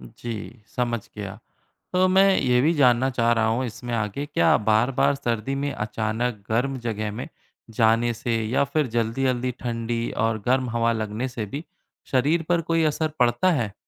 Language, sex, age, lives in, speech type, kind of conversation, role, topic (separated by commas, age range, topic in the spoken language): Hindi, male, 30-34, India, read, expert-assistant, asker, सर्दी में साँस से धुंआ क्यों निकलता है?